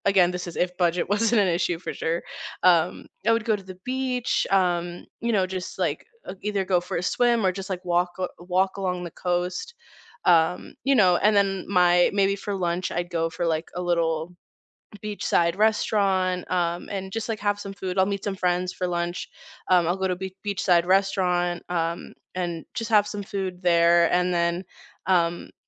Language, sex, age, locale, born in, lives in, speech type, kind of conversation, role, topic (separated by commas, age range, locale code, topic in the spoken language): English, female, 20-24, en-US, United States, United States, conversation, unstructured, none, What is your ideal lazy Sunday, from your first coffee to your evening wind-down?